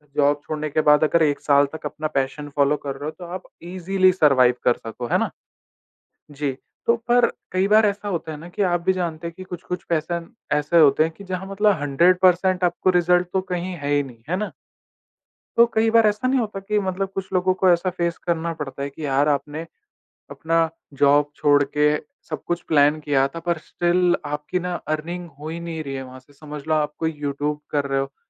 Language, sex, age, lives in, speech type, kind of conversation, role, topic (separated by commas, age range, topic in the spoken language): Hindi, male, 20-24, India, conversation, podcast, host, Passion follow karna chahiye ya safe job leni chahiye—tum kya sochte ho?